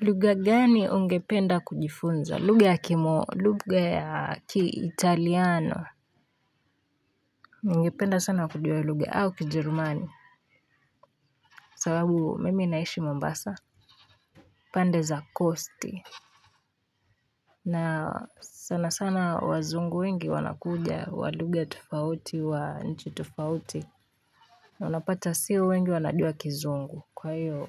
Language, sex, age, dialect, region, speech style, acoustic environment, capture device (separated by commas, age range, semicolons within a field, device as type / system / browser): Swahili, female, 18-24, Kenya - Nairobi Sheng-influenced, Kenya, relaxed, nature; silence; people talking, mobile / Linux / Chrome